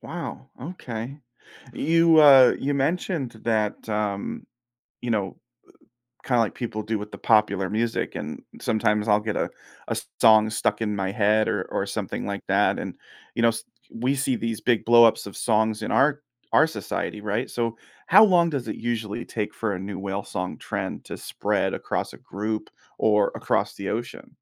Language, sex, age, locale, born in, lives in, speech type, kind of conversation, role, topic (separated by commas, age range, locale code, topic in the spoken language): English, male, 30-34, en-US, United States, United States, read, expert-assistant, asker, How do whales communicate over long distances?